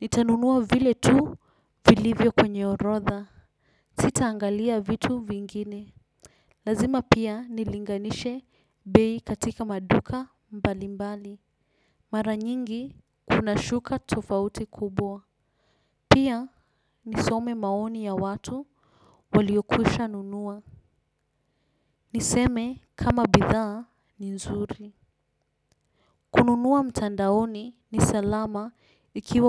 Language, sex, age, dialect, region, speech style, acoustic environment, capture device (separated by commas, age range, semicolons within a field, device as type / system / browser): Swahili, female, 18-24, Kenya - Nairobi Sheng-influenced, Kenya, relaxed, silence; nature, desktop / Windows / Chrome